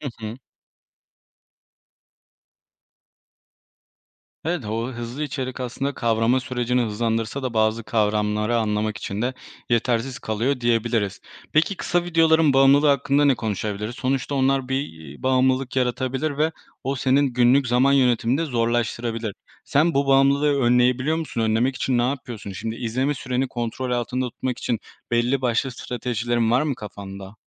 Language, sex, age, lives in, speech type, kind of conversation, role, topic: Turkish, male, 25-29, Poland, conversation, podcast, host, Kısa video furyası sence öğrenmeyi nasıl etkiliyor?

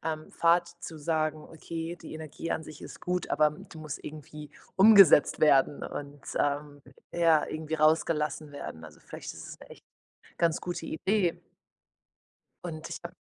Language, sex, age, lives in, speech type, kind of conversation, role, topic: German, female, 25-29, Portugal, conversation, advice, advisor, Wie kann ich bessere Pausen machen und Stress im Alltag reduzieren?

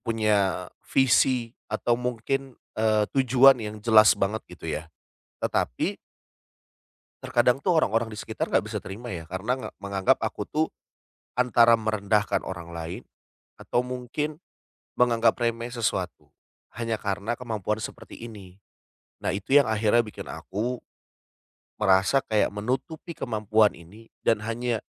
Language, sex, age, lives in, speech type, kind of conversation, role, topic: Indonesian, male, 30-34, Indonesia, conversation, advice, user, Bagaimana cara menerima keunikan diri tanpa merasa canggung?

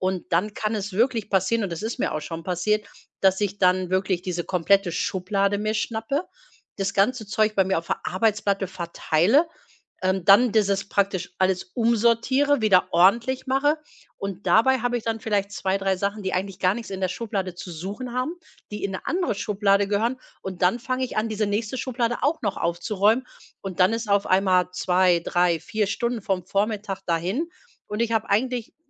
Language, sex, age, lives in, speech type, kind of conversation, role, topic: German, female, 45-49, Germany, conversation, advice, user, Wie hindert dich Perfektionismus daran, Aufgaben abzuschließen?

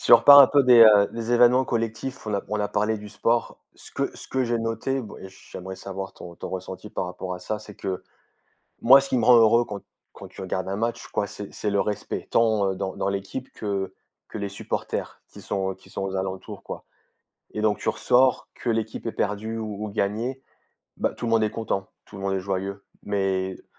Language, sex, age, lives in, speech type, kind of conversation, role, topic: French, male, 35-39, France, conversation, unstructured, none, Qu’est-ce qui te rend heureux lorsque tu participes à un événement collectif ?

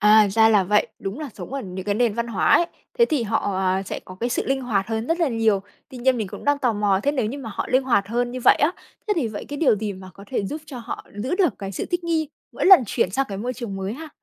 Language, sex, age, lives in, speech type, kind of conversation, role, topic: Vietnamese, female, 25-29, Vietnam, read, expert-assistant, asker, Bạn nghĩ điều gì khiến mọi người ở các quốc gia khác nhau phản ứng khác nhau với chuyện giãn cách xã hội?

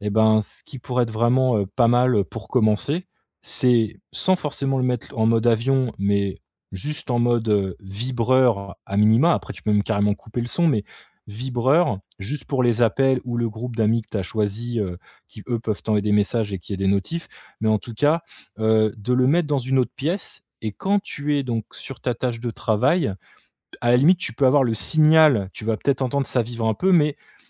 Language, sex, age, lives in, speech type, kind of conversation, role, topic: French, male, 35-39, France, conversation, advice, advisor, Comment gérer la surcharge numérique et les distractions constantes pour rester concentré au travail ?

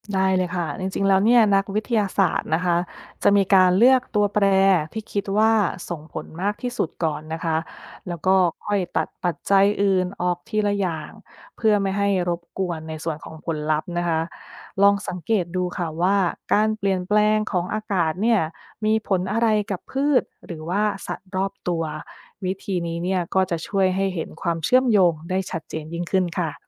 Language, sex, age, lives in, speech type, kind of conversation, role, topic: Thai, female, 30-34, United States, read, expert-assistant, expert, จะเริ่มฝึกคิดวิเคราะห์แบบนักวิทยาศาสตร์ในชีวิตประจำวันได้อย่างไร?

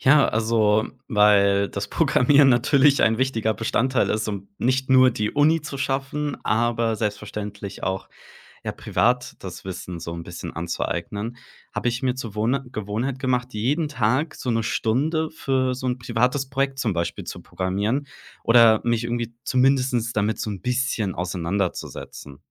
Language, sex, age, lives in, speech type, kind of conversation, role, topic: German, male, 25-29, Germany, conversation, podcast, guest, Welche Gewohnheiten helfen dir dabei, ein Leben lang zu lernen?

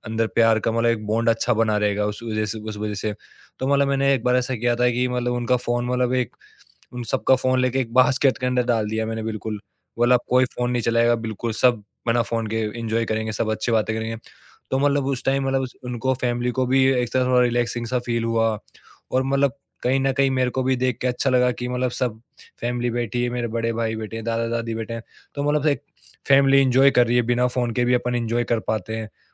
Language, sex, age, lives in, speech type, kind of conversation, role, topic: Hindi, male, 25-29, India, conversation, podcast, guest, Kya tum kabhi family ke saath bina phone ke time spend karte ho, aur woh experience kaisa hota hai?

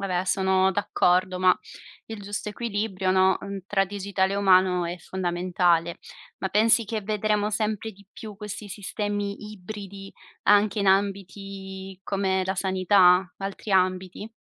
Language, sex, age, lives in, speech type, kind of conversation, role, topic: Italian, female, 25-29, Italy, read, expert-assistant, asker, Quali soluzioni pratiche potremmo immaginare per ridurre le attese e semplificare le pratiche amministrative in ambito sanitario?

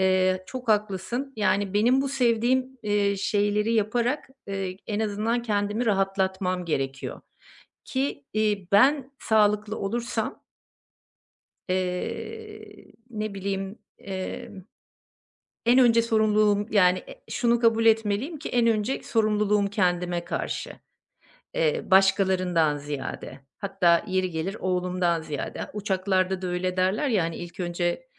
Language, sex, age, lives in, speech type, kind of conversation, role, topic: Turkish, female, 50-54, Portugal, conversation, advice, user, Tükenmiş hissederken iş ve sorumluluklar arasında kendime şefkat gösterip dinlenmeye nasıl izin verebilirim?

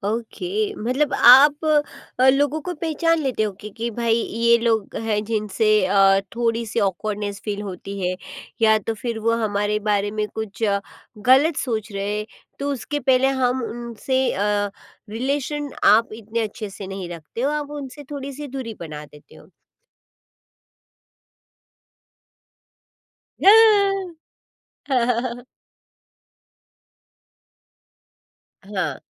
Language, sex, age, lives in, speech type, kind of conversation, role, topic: Hindi, female, 40-44, India, conversation, podcast, host, Family ke saath screen se door rehkar saath mein waqt bitane ka plan kaise banate ho?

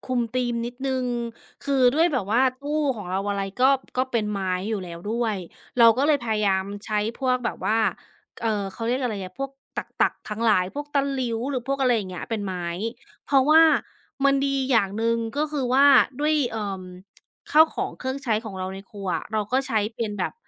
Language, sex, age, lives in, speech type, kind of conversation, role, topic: Thai, female, 35-39, United States, conversation, podcast, guest, มุมโปรดในบ้านของคุณอยู่ตรงไหน และเพราะอะไรถึงชอบมุมนี้?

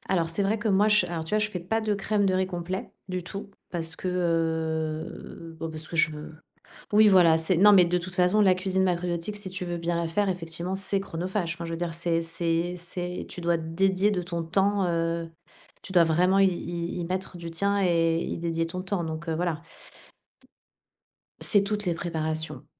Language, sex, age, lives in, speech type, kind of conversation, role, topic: French, female, 40-44, Spain, conversation, podcast, guest, Comment la cuisine de ton enfance te définit-elle ?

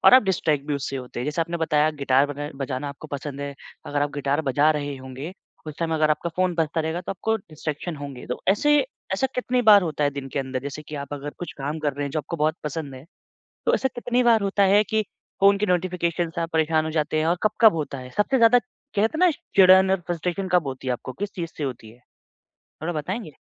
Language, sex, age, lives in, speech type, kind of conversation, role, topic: Hindi, male, 25-29, India, conversation, advice, advisor, फ़ोन और दूसरी चीज़ों से ध्यान भटकने के कारण मनोरंजन के समय में बाधा क्यों होती है?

